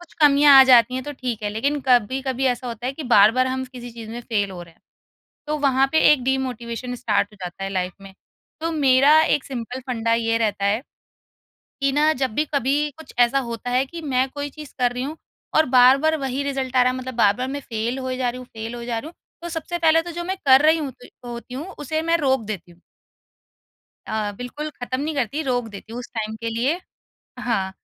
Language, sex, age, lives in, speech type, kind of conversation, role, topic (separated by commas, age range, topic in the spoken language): Hindi, female, 30-34, India, conversation, podcast, guest, Motivation kam ho jaati hai toh tum kya karte ho?